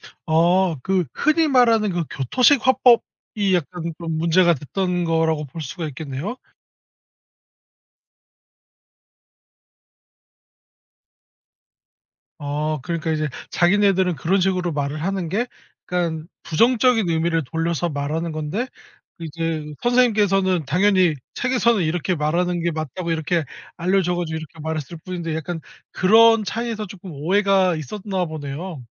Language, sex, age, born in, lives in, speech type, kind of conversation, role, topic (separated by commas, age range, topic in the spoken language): Korean, male, 30-34, South Korea, South Korea, conversation, podcast, host, 언어가 바뀌면서 가장 힘들었던 점은 무엇이었나요?